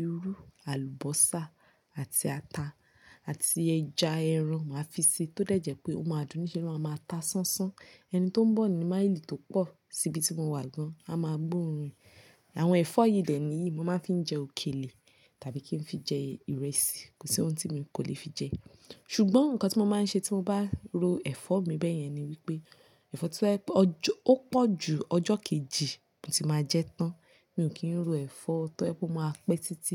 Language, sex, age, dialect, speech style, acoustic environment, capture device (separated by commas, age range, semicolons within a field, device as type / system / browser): Yoruba, female, 25-34, Nigeria - Nigerian Standard, relaxed, nature, mobile / Linux / Chrome